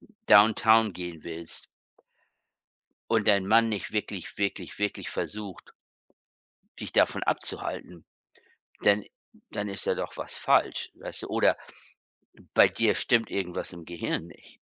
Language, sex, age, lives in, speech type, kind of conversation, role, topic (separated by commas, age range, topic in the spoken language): German, male, 55-59, United States, conversation, unstructured, none, Wann hast du dich zuletzt wirklich frei gefühlt?